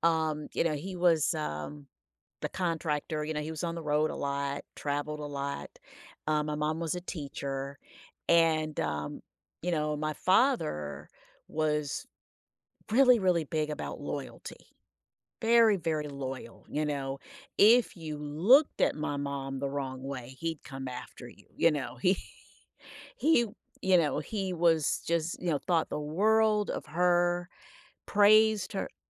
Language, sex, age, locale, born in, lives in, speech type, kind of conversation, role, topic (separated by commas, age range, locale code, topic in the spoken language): English, female, 55-59, en-US, United States, United States, conversation, podcast, guest, How do families build trust and emotional security for their members?